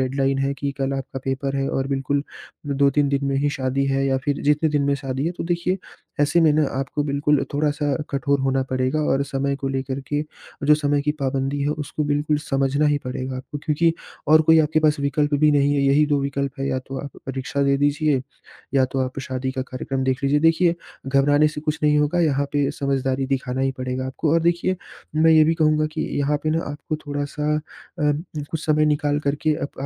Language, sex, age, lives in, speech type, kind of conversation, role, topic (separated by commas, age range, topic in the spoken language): Hindi, male, 20-24, India, conversation, advice, advisor, मैं कैसे तय करूँ कि पहले कौन-सा काम करूँ—आपात या महत्वपूर्ण?